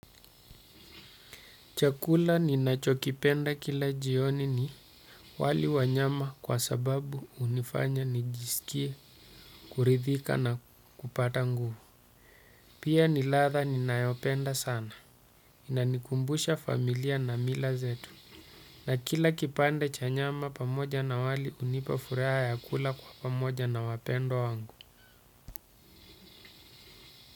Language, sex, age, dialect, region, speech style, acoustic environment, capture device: Swahili, male, 35-44, Kenya - Mombasa, Kenya, happy, silence, desktop / Windows / Chrome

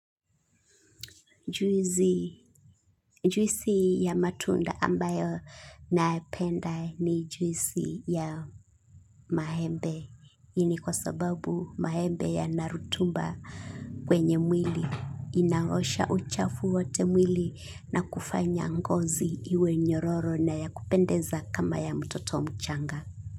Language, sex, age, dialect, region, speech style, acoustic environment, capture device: Swahili, female, 25-34, Kenya - Mombasa, Kenya, relaxed, silence, mobile / Linux / Chrome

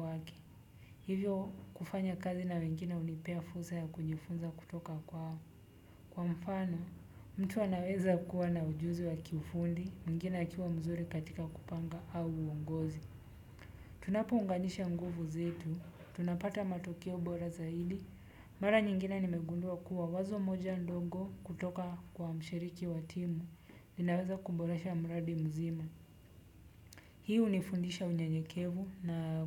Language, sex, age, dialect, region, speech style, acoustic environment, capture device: Swahili, male, 25-34, Kenya - Nairobi Sheng-influenced, Kenya, anxious, music; silence, desktop / Windows / Chrome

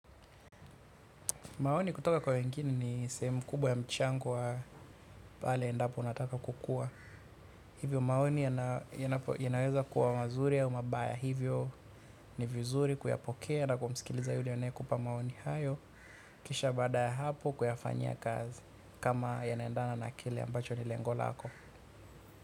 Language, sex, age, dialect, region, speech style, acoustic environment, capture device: Swahili, male, 25-34, Tanzania - Dar es Salaam, Tanzania, focused, silence, mobile / Linux / Chrome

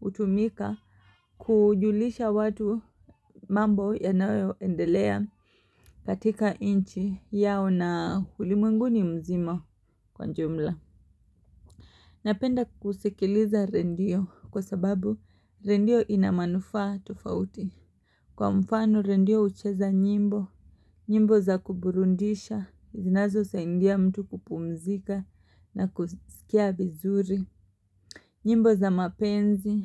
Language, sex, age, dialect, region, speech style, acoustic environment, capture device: Swahili, female, 25-34, Kenya - Mombasa, Kenya, happy, silence, mobile / Linux / Chrome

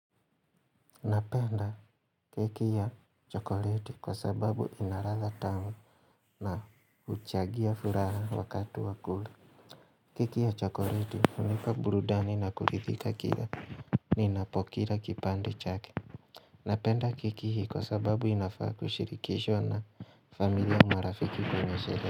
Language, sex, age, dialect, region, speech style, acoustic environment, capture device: Swahili, male, 25-34, Kenya - Mombasa, Kenya, relaxed; focused, silence; nature, mobile / Linux / Chrome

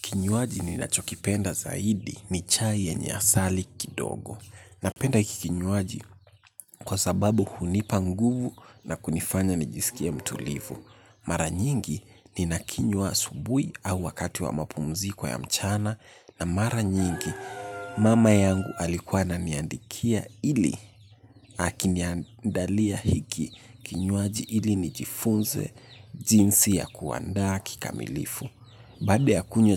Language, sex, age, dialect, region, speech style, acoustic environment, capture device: Swahili, male, 18-24, Kenya - Nairobi Sheng-influenced, Kenya, relaxed; focused, nature; silence, mobile / Linux / Chrome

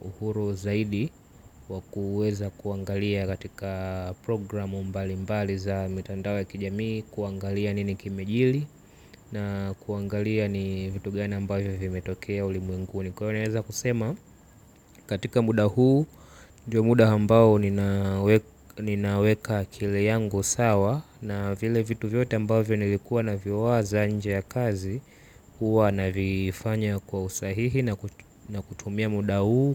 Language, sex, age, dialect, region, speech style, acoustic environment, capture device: Swahili, male, 18-24, Tanzania - Dar es Salaam, Tanzania, relaxed; focused, silence, mobile / Linux / Chrome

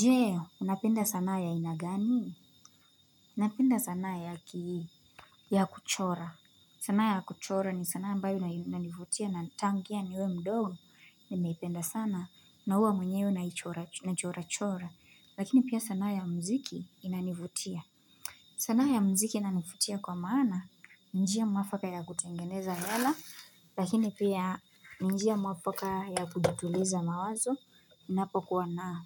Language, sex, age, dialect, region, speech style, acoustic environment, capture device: Swahili, female, 18-24, Kenya - Nairobi Sheng-influenced, Kenya, focused, silence, mobile / Linux / Chrome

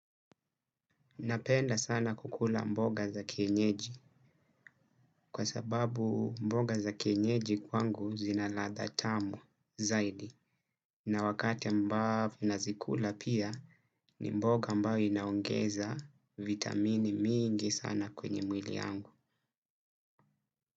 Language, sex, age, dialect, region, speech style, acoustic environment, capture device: Swahili, male, 25-34, Kenya - Mombasa, Kenya, relaxed, nature, desktop / Windows / Chrome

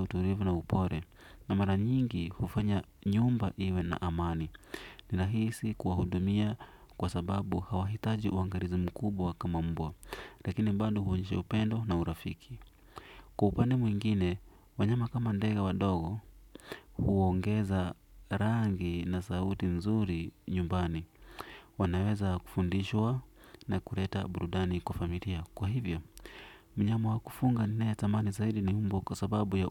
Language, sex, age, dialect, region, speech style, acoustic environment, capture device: Swahili, male, 25-34, Kenya - Nairobi Sheng-influenced, Kenya, relaxed; focused, silence, desktop / Windows / Edge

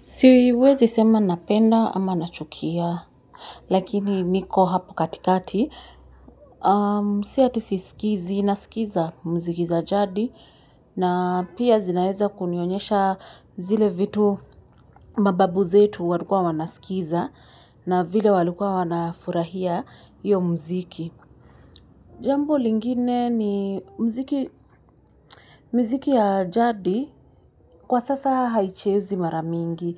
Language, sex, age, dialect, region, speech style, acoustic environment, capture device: Swahili, female, 25-34, Kenya - Nairobi Sheng-influenced, Kenya, relaxed, silence, mobile / Linux / Chrome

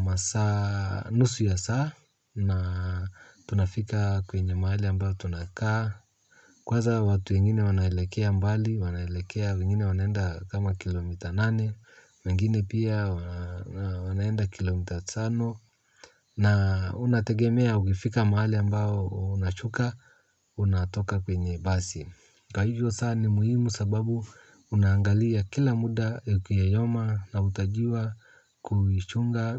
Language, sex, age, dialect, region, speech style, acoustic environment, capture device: Swahili, male, 35-44, Kenya - Nairobi Sheng-influenced, Kenya, happy; focused, silence, mobile / Linux / Chrome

